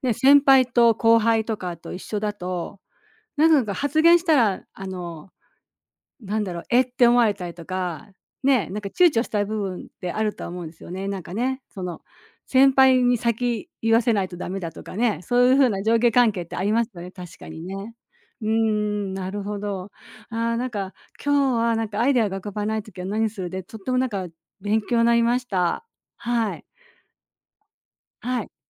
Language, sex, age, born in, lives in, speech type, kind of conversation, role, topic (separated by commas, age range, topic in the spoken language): Japanese, female, 60-64, Japan, Japan, conversation, podcast, host, アイデアが浮かばないとき、あなたは何をしますか？